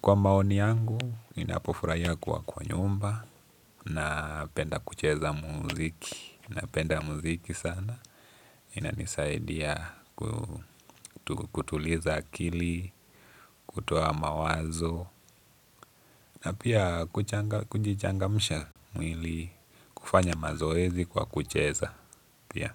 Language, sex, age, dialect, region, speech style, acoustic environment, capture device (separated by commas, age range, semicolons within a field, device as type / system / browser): Swahili, male, 18-24, Kenya - Nairobi Sheng-influenced, Kenya, happy, silence, desktop / Linux / Chrome